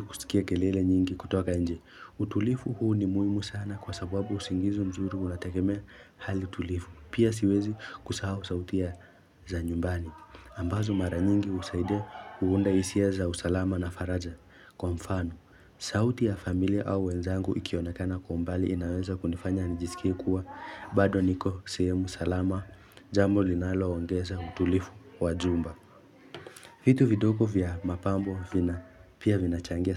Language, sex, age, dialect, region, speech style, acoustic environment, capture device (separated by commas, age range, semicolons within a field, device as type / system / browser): Swahili, male, 18-24, Kenya - Nairobi Sheng-influenced, Kenya, focused, silence; nature, mobile / Linux / Chrome